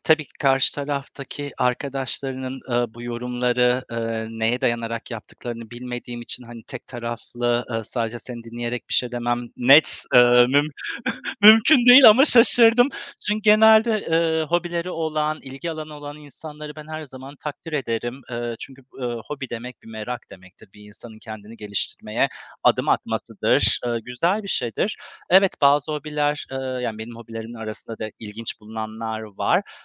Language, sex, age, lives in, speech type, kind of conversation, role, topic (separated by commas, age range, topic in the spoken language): Turkish, male, 35-39, Poland, conversation, unstructured, none, Sence bazı hobiler insanları neden itici gösterir?